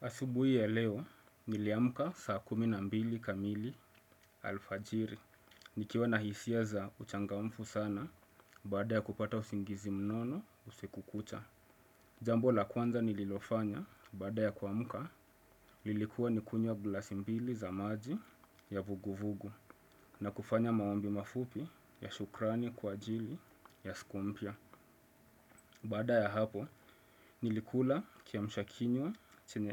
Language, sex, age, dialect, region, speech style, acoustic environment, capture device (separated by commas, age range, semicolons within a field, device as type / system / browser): Swahili, male, 25-34, Kenya - Mombasa, Kenya, excited; focused; relaxed; happy, silence, desktop / Windows / Chrome